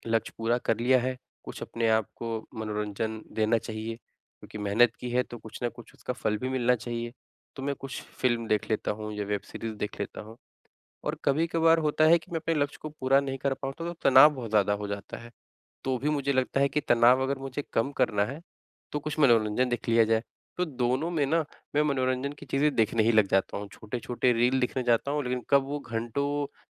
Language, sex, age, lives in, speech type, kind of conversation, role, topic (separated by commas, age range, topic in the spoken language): Hindi, male, 25-29, India, conversation, advice, user, अचानक लगने वाली भूख और तले-भुने नाश्तों के त्वरित लालच से मैं कैसे निपटूँ?